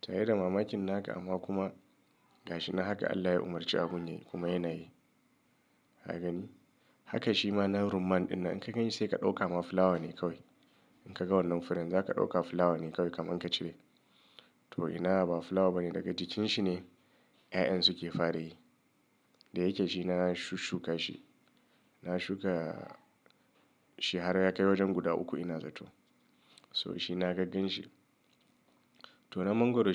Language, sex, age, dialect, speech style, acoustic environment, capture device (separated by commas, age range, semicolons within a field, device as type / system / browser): Hausa, male, 35-44, Nigeria - Kano, nervous; excited; stressed; anxious, nature; silence; people talking, mobile / Linux / Chrome